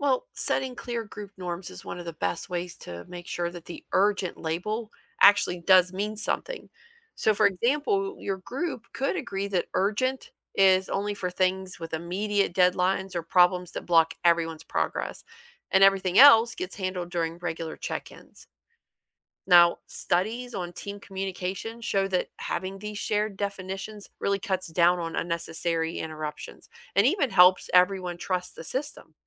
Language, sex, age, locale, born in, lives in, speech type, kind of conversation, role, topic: English, female, 45-49, en-US, United States, United States, read, expert-assistant, expert, How can daily planning minimize distractions and increase focus?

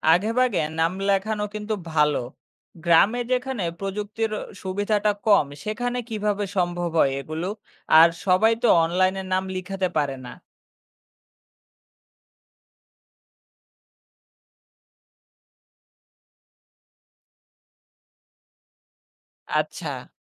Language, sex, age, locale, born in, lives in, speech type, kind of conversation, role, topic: Bengali, male, 25-29, bn-BD, Bangladesh, Bangladesh, read, expert-assistant, asker, হাসপাতালে ভিড়ের সময় রোগীদের অপেক্ষার সময় কমাতে কীভাবে পরিকল্পনা করা যায়?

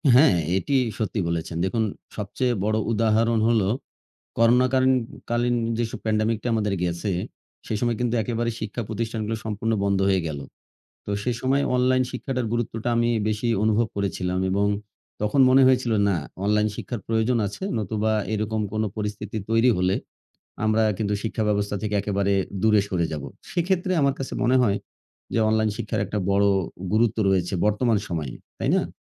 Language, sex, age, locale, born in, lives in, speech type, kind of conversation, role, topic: Bengali, male, 40-44, bn-BD, Bangladesh, Bangladesh, conversation, unstructured, none, আপনার মতে অনলাইন শিক্ষা আসলে কতটা কার্যকর?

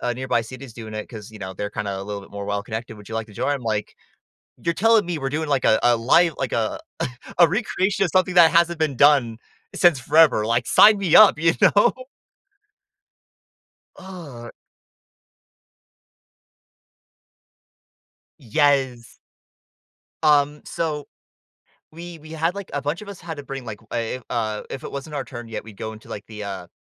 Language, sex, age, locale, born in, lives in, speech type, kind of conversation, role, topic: English, male, 20-24, en-US, United States, United States, conversation, podcast, guest, How can a movie shape your thoughts or feelings in a meaningful way?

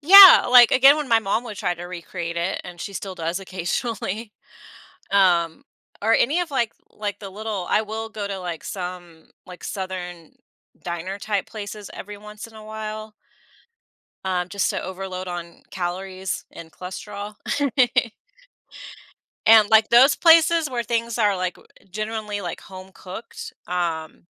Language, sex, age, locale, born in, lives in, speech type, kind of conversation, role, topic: English, female, 40-44, en-US, United States, United States, conversation, podcast, host, How do the people, place, and emotions surrounding a meal shape your memories of it?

